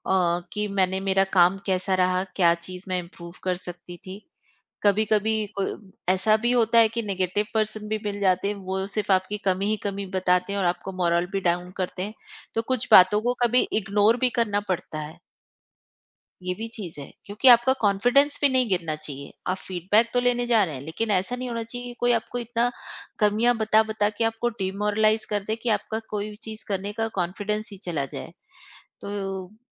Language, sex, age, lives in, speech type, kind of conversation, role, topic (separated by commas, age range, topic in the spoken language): Hindi, female, 45-49, India, conversation, podcast, guest, Mentor se feedback lene ka tumhara best tarika kya hai?